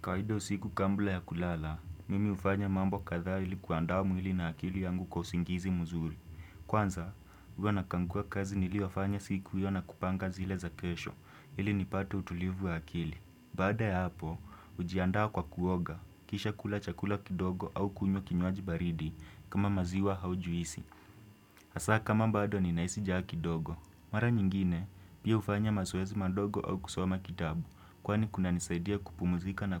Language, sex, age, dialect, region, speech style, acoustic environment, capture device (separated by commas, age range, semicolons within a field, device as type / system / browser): Swahili, male, 18-24, Kenya - Nairobi Sheng-influenced, Kenya, relaxed, silence, desktop / Windows / Chrome